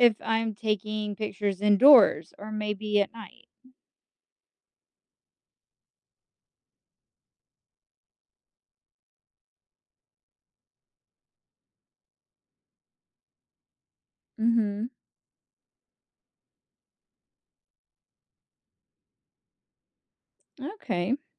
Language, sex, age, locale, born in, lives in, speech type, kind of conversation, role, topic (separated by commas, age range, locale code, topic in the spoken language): English, female, 40-44, en-US, United States, United States, read, expert-assistant, asker, How do camera settings affect the quality of photos in different lighting conditions?